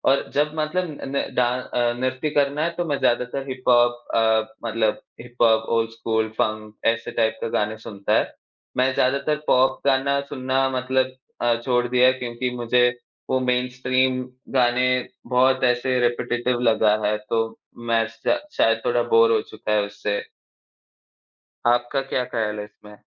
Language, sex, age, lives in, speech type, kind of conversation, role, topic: Hindi, male, 20-24, India, conversation, unstructured, none, संगीत सुनना और नृत्य करना, इनमें से आपको क्या अधिक आनंद देता है?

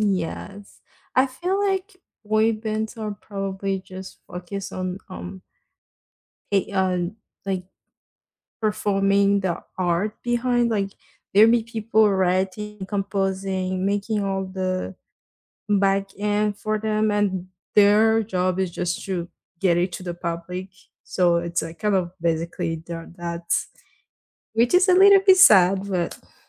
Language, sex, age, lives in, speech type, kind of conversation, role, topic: English, female, 18-19, United States, conversation, unstructured, none, What kind of music best fits your everyday moments?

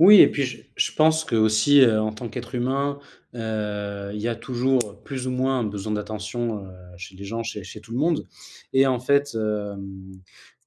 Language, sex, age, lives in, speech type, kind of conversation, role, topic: French, male, 25-29, France, conversation, unstructured, none, Penses-tu que les gens parlent trop de leur vie en ligne ?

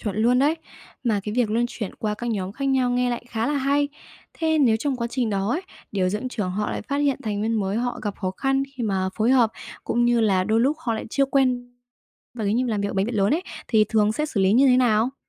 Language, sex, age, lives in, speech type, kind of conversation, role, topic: Vietnamese, female, 20-24, Vietnam, read, expert-assistant, asker, Nếu coi bệnh viện như một chiếc xe buýt đông người, bạn nghĩ vai trò của người quản lý bệnh viện giống với ai nhất trên chuyến xe đó? Vì sao?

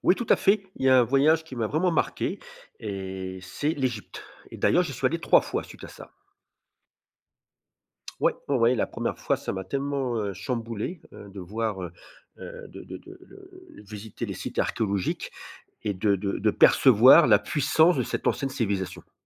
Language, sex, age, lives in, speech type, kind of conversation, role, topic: French, male, 50-54, France, conversation, podcast, guest, Quel voyage a changé ta vision du monde ?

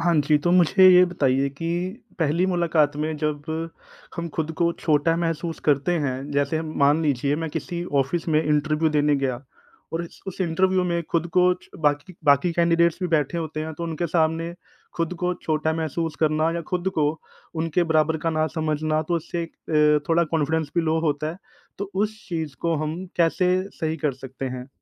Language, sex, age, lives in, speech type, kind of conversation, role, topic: Hindi, male, 30-34, India, conversation, advice, user, पहली मुलाकात में खुद को छोटा महसूस करना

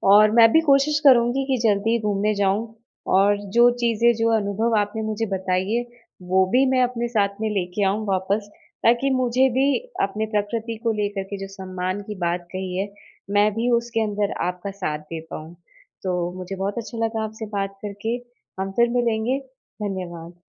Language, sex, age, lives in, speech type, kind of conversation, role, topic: Hindi, female, 30-34, India, conversation, podcast, host, पहाड़ों में सुबह की सैर ने आपका नज़रिया कैसे बदला?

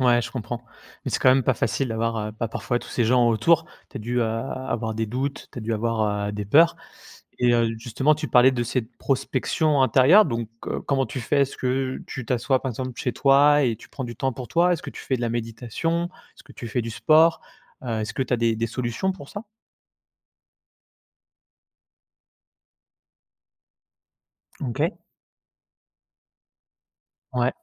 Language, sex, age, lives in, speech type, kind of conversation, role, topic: French, male, 30-34, France, conversation, podcast, host, Quel est ton meilleur conseil pour commencer à te réinventer ?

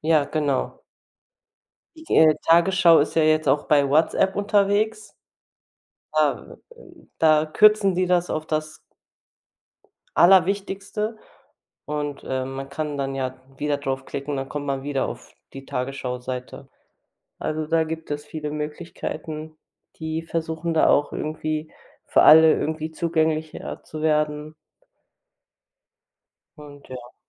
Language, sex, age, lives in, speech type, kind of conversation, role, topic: German, female, 30-34, Germany, conversation, unstructured, none, Wie informierst du dich täglich über das aktuelle Geschehen?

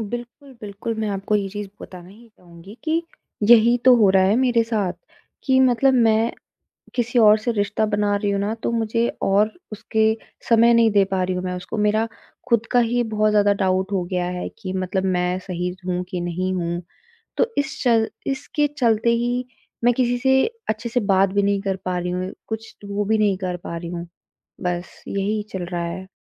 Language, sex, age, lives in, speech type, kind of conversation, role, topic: Hindi, female, 25-29, India, conversation, advice, user, नया रिश्ता शुरू करने का डर और फिर से भरोसा करना मुश्किल लगना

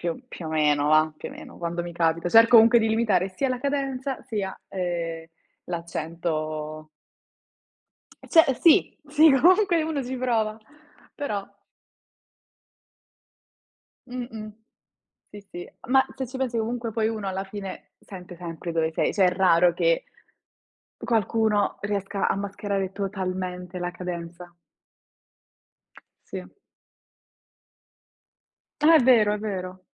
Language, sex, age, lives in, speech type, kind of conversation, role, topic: Italian, female, 20-24, Italy, conversation, unstructured, none, Come descriveresti il tuo stile personale?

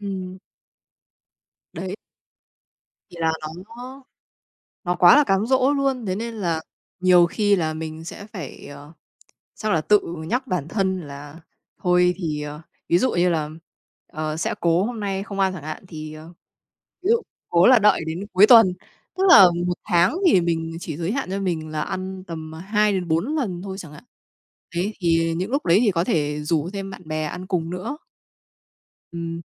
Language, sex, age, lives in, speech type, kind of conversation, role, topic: Vietnamese, female, 30-34, Vietnam, conversation, unstructured, none, Làm thế nào để bạn duy trì thói quen ăn uống lành mạnh?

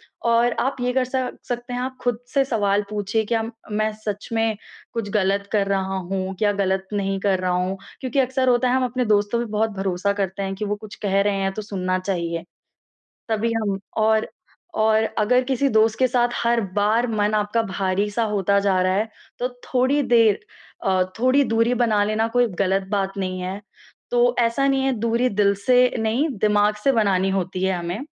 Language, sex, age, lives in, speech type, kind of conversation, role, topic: Hindi, female, 20-24, India, conversation, advice, advisor, मैं परिवार की उम्मीदों के बीच अपने रिश्तों में स्वस्थ सीमाएँ कैसे तय करूँ?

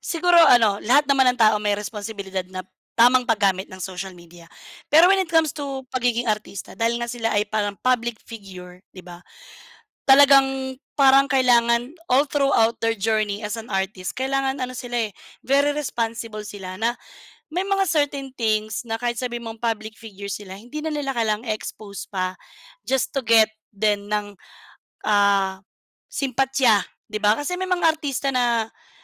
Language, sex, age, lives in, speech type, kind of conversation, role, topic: Filipino, female, 35-39, Philippines, conversation, unstructured, none, Paano nakaaapekto ang midyang panlipunan sa karera ng mga sikat na artista?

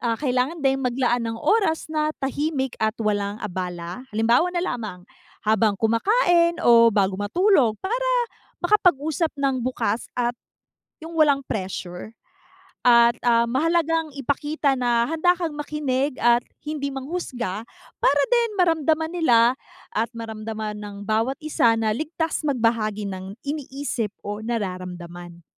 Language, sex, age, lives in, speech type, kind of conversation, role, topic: Filipino, female, 30-34, United States, read, expert-assistant, expert, Ano ang epekto ng kakulangan sa komunikasyon sa relasyon ng pamilya?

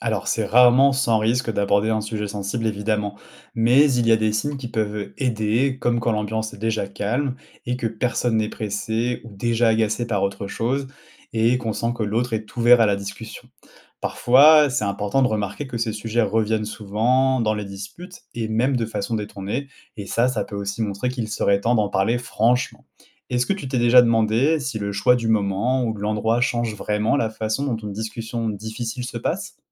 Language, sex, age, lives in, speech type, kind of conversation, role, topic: French, male, 30-34, France, read, expert-assistant, expert, Comment éviter les malentendus quand on parle avec quelqu’un qu’on aime ?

